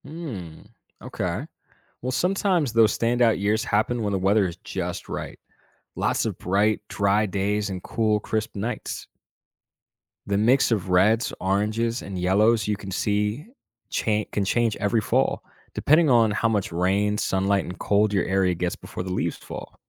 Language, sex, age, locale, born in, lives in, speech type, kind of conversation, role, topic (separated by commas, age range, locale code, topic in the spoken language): English, male, 25-29, en-US, United States, United States, read, expert-assistant, expert, What causes leaves to change color and fall, and how do different trees respond to autumn?